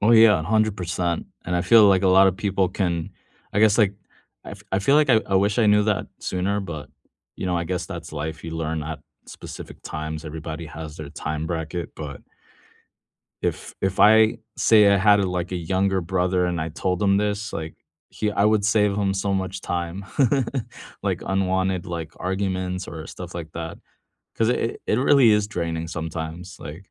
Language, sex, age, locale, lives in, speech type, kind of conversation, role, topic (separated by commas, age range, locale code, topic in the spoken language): English, male, 35-39, en-US, United States, conversation, unstructured, none, How do you thoughtfully check in on friends' wellbeing while strengthening your connection?